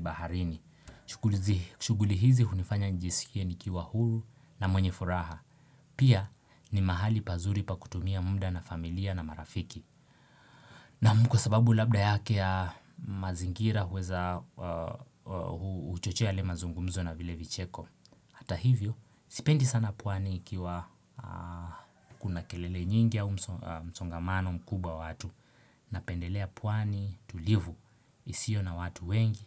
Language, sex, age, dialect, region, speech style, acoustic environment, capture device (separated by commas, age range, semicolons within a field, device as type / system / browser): Swahili, male, 18-24, Kenya - Mombasa, Kenya, focused, appliances, desktop / Windows / Chrome